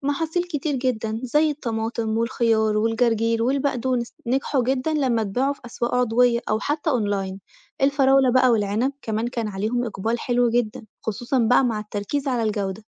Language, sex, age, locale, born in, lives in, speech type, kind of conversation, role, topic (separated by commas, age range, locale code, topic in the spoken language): Arabic, female, 25-29, ar-EG, Egypt, Italy, read, expert-assistant, expert, هل ممكن الزراعة المستدامة فعلاً تحقق توازن بين مصلحة الإنسان والطبيعة، ولا دا حلم صعب؟